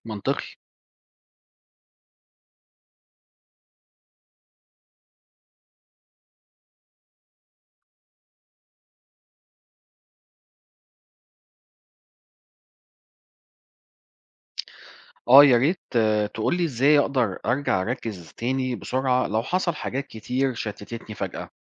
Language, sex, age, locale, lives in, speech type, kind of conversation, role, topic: Arabic, male, 40-44, ar-EG, Portugal, read, expert-assistant, asker, ما أهمية تنظيم الوقت لتحقيق الأهداف الشخصية؟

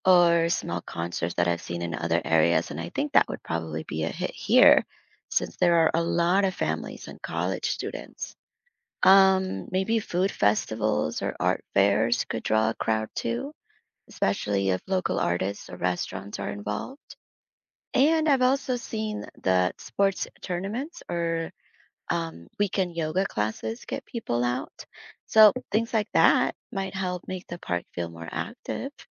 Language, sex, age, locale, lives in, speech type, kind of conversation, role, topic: English, female, 30-34, en-US, United States, read, expert-assistant, asker, What factors make public parks feel less safe?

